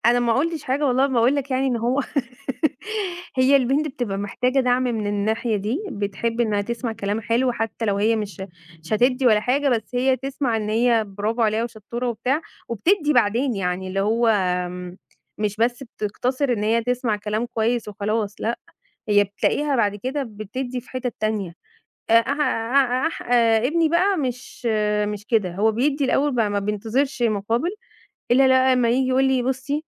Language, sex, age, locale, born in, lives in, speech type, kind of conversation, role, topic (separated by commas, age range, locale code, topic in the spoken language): Arabic, female, 35-39, ar-EG, Egypt, Egypt, conversation, podcast, guest, إزاي بتعلّموا ولادكم يعتمدوا على نفسهم؟